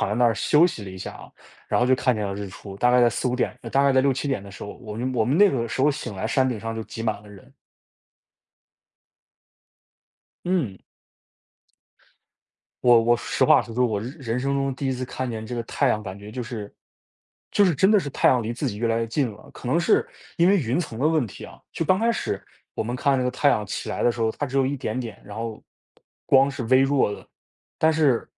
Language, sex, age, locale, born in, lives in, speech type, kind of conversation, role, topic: Chinese, male, 20-24, zh-CN, China, United States, conversation, podcast, guest, 哪一次日出或日落让你至今难以忘怀？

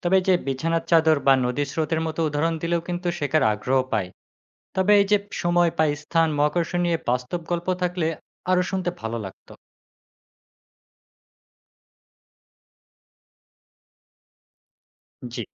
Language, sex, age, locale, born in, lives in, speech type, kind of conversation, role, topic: Bengali, male, 20-24, bn-BD, Bangladesh, Bangladesh, read, expert-assistant, asker, ‘ব্ল্যাক হোল’ শব্দটি দিয়ে বিজ্ঞানীরা ঠিক কী বোঝায়, এবং এটি মহাকাশে কেন গুরুত্বপূর্ণ?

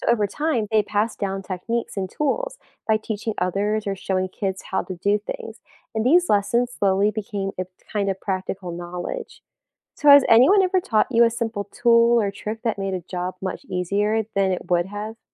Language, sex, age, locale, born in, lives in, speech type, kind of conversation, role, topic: English, female, 20-24, en-US, United States, United States, read, expert-assistant, expert, How do simple machines make work easier for people?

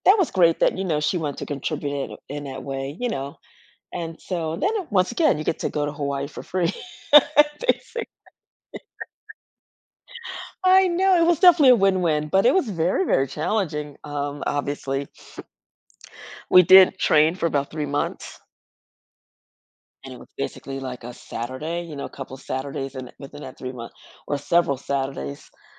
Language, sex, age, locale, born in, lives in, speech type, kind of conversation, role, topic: English, female, 55-59, en-US, United States, United States, conversation, advice, user, How can I reflect on lessons learned?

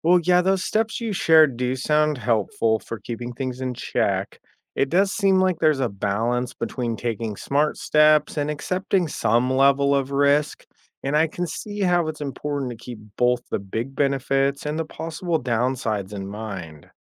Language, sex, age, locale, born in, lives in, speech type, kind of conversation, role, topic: English, male, 35-39, en-US, United States, United States, read, expert-assistant, asker, What are the benefits and risks of using genetically modified crops?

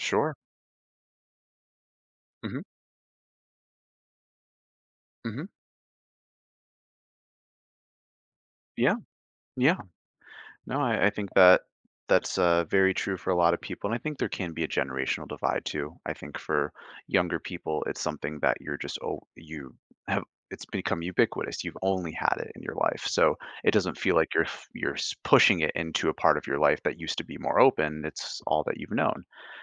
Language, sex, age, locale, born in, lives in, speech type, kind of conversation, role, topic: English, male, 25-29, en-US, Canada, United States, conversation, podcast, host, How is social media changing the way we connect, communicate, and experience everyday life?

